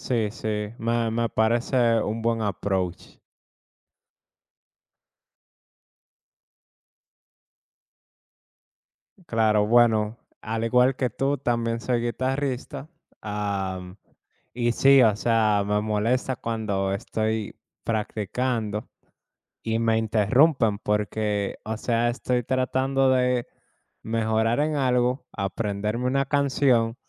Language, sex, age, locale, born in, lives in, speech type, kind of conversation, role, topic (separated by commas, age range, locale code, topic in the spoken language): Spanish, male, 25-29, es-DO, United States, United States, conversation, unstructured, none, ¿Te enojas cuando alguien interrumpe el tiempo que dedicas a tu pasatiempo?